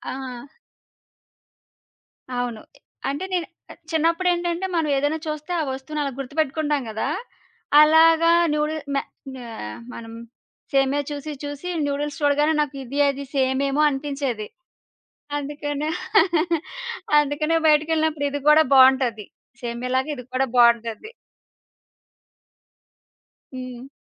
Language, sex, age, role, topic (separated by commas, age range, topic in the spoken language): Telugu, female, 30-34, guest, మీకు ఇష్టమైన వీధి ఆహారం ఏది, ఎందుకు?